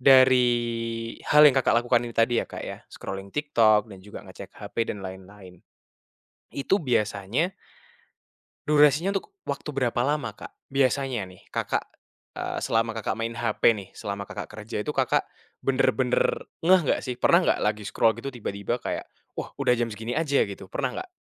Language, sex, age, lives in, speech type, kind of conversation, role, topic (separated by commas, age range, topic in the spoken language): Indonesian, male, 20-24, Indonesia, conversation, advice, advisor, Bagaimana cara meningkatkan produktivitas yang menurun akibat manajemen waktu yang buruk dengan teknik fokus dan interval?